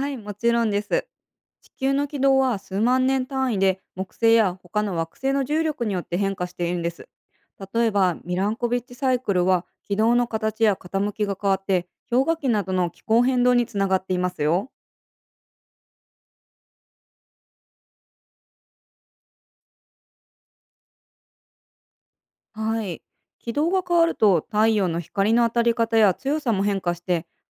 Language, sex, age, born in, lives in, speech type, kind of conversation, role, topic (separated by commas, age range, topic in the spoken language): Japanese, female, 30-34, Japan, United States, read, expert-assistant, expert, 『木星は太陽系内の“掃除屋”として隕石衝突を防いでいる』という話は本当でしょうか？